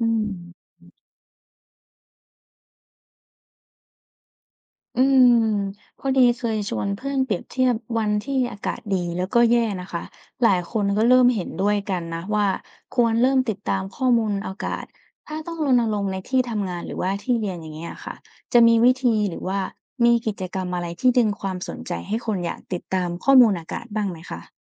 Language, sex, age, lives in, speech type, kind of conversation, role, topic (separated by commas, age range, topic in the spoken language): Thai, female, 20-24, Thailand, read, expert-assistant, asker, คุณคิดว่าความรู้เรื่องอากาศช่วยให้เราเข้าใจตัวเองและสังคมได้มากขึ้นไหม? เพราะอะไร?